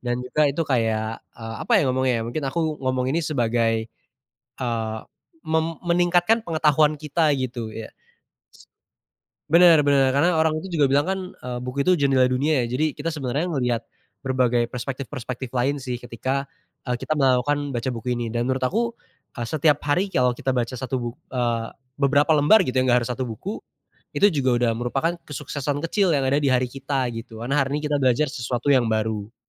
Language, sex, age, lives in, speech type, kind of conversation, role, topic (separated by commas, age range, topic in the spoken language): Indonesian, male, 20-24, Indonesia, conversation, podcast, guest, Apa arti sukses menurutmu sekarang, dan apakah berbeda dari dulu?